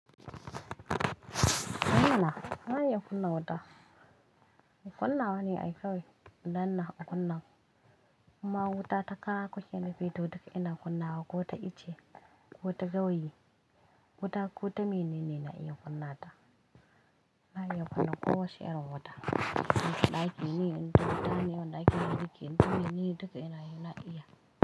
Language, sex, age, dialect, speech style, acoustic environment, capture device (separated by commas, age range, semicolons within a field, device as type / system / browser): Hausa, female, 25-34, Nigeria - Kano, relaxed; tired, nature, mobile / Linux / Chrome